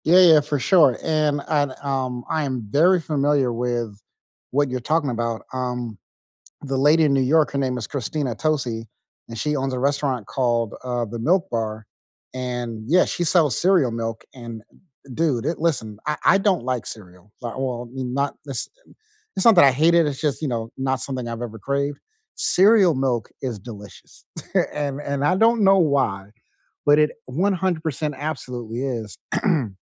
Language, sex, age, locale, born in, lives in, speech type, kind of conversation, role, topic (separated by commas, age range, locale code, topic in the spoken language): English, male, 40-44, en-US, United States, United States, conversation, unstructured, none, What food traditions did you grow up with and still keep?